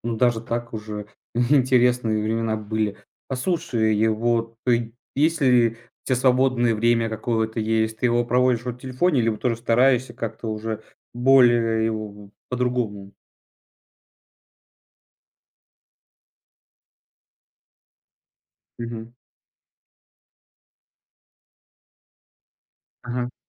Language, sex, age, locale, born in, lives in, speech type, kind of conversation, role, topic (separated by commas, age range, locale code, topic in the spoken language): Russian, male, 20-24, ru-RU, Russia, Estonia, conversation, podcast, host, Как ты борешься с отвлечениями вроде телефона или соцсетей?